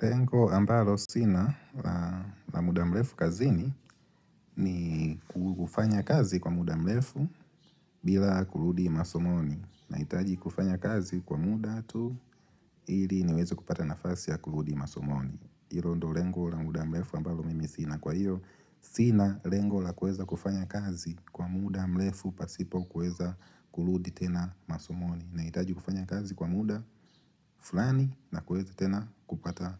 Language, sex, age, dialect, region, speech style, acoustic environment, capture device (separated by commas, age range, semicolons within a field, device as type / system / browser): Swahili, male, 25-34, Tanzania - Dar es Salaam, Tanzania, happy, silence, mobile / Linux / Chrome